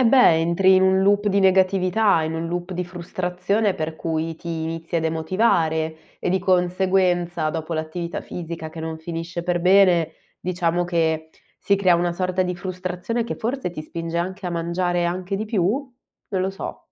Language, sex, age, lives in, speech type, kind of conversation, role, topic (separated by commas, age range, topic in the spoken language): Italian, female, 25-29, Italy, conversation, podcast, host, Quali abitudini alimentari ti fanno sentire meglio?